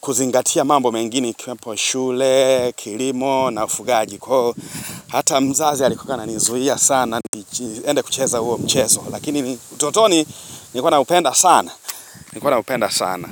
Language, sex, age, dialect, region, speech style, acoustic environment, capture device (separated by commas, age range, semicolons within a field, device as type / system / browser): Swahili, male, 25-34, Tanzania - Dar es Salaam, Tanzania, excited, nature, mobile / Linux / Chrome